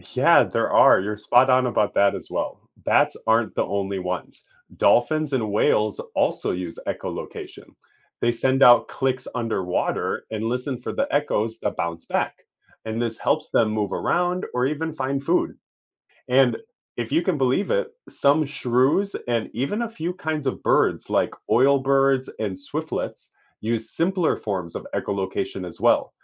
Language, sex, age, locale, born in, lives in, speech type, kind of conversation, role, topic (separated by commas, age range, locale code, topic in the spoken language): English, male, 30-34, en-US, United States, United States, read, expert-assistant, expert, How do bats navigate in complete darkness?